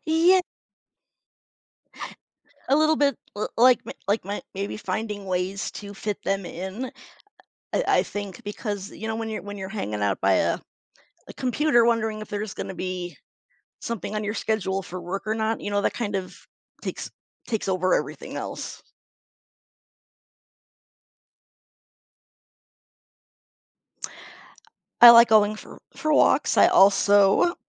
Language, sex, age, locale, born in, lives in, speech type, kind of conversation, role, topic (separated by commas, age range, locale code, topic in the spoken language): English, female, 45-49, en-US, United States, United States, conversation, advice, user, How can I make my days less predictable?